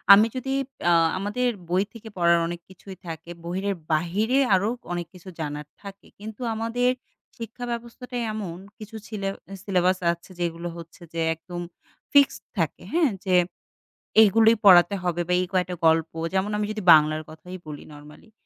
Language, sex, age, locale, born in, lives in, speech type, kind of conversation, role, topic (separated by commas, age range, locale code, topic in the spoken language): Bengali, female, 25-29, bn-BD, Bangladesh, Bangladesh, conversation, podcast, guest, শিক্ষাব্যবস্থা কি আজকের শিক্ষার্থীদের চাকরি ও জীবনের জন্য যথেষ্টভাবে প্রস্তুত করছে?